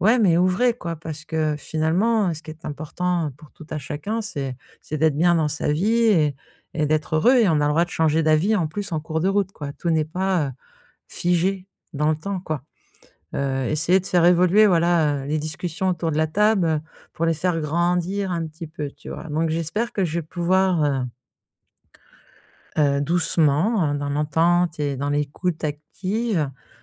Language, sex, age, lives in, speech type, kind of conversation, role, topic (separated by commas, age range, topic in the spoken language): French, female, 50-54, France, conversation, advice, user, Comment puis-je arrêter de me comparer aux autres dans ma carrière ?